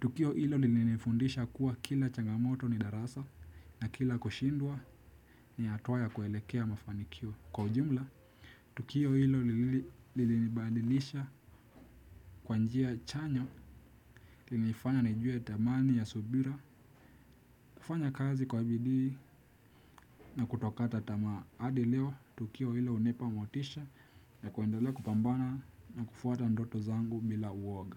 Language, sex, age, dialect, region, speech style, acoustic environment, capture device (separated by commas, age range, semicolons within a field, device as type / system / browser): Swahili, male, 18-24, Kenya - Nairobi Sheng-influenced, Kenya, tired; relaxed; focused; excited, people talking; music; nature; silence, desktop / Windows / Chrome